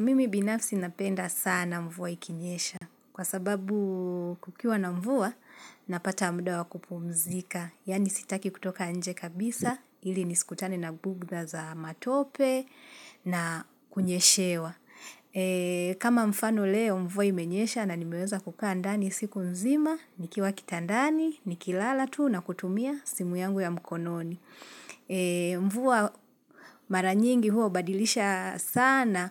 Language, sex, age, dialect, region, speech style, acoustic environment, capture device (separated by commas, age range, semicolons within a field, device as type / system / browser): Swahili, female, 25-34, Kenya - Nairobi Sheng-influenced, Kenya, nervous; focused, silence, mobile / Linux / Chrome